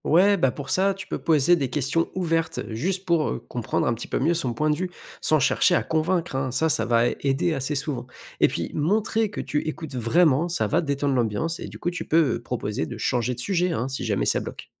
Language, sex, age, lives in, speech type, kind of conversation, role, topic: French, male, 25-29, France, read, expert-assistant, expert, Comment aborder le sujet de l’évolution avec des proches qui n’y croient pas ?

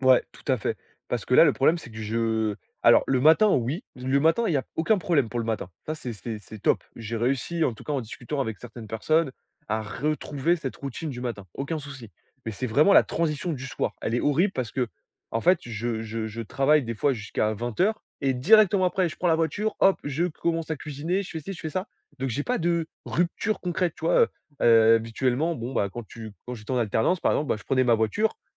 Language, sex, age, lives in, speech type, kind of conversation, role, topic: French, male, 20-24, France, conversation, advice, user, Comment puis-je mettre en place une routine apaisante pour mieux me détendre le soir ?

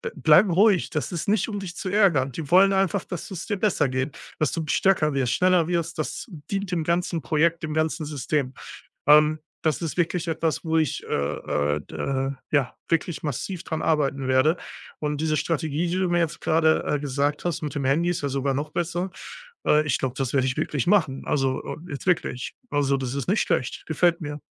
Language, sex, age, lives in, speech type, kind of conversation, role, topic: German, male, 35-39, Germany, conversation, advice, user, Wie kann ich besser mit persönlicher Kritik umgehen, wenn ich stark in die Abwehr gehe?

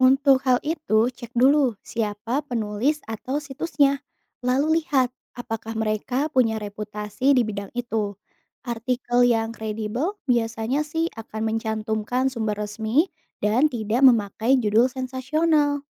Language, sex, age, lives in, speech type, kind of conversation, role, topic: Indonesian, female, 25-29, Indonesia, read, expert-assistant, expert, Bagaimana Cara Memastikan Kebenaran Informasi dan Tradisi Agama dari Berbagai Negara?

